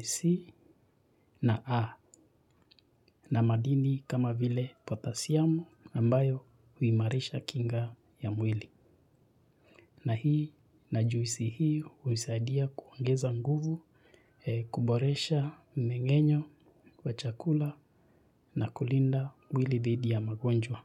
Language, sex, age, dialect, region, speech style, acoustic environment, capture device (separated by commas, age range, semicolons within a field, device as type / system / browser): Swahili, male, 25-34, Kenya - Nairobi Sheng-influenced, Kenya, relaxed; excited, silence, mobile / Linux / Chrome